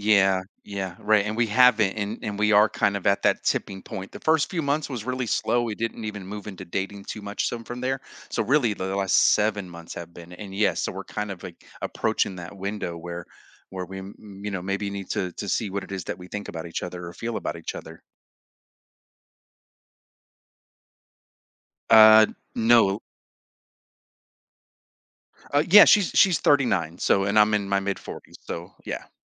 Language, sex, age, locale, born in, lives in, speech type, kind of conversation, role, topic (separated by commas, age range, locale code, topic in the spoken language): English, male, 45-49, en-US, United States, United States, conversation, advice, user, How can I balance my need for independence with maintaining stability?